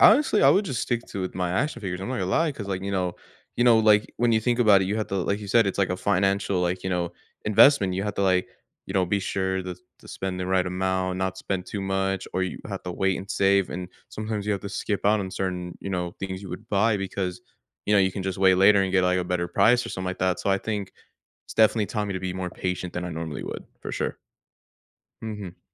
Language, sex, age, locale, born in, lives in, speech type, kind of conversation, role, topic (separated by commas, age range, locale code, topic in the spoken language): English, male, 18-19, en-US, United States, United States, conversation, unstructured, none, How do hobbies encourage personal growth and new experiences?